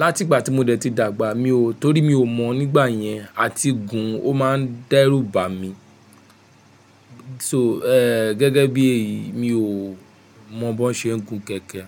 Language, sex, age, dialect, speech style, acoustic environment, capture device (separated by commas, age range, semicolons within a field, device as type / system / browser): Yoruba, male, 25-34, Nigeria - Nigerian Standard, happy; relaxed; focused; excited, nature, mobile / Linux / Chrome